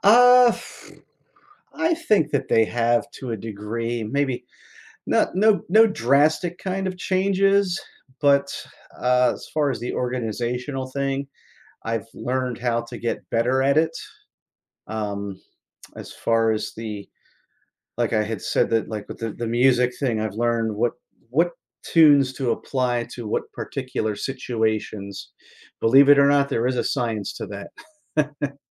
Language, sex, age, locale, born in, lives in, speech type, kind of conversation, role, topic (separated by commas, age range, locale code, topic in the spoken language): English, male, 50-54, en-US, United States, United States, conversation, podcast, guest, How do you find effective ways to manage stress in your daily life?